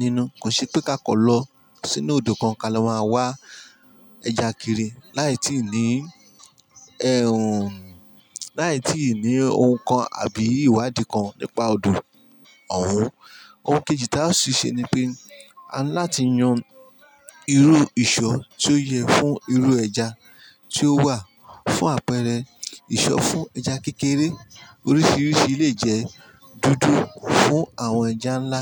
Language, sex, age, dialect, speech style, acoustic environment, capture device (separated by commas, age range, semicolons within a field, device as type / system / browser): Yoruba, male, 35-44, Nigeria - Ijebu, happy; focused; relaxed; excited, people talking; music; construction; traffic; appliances, mobile / Linux / Chrome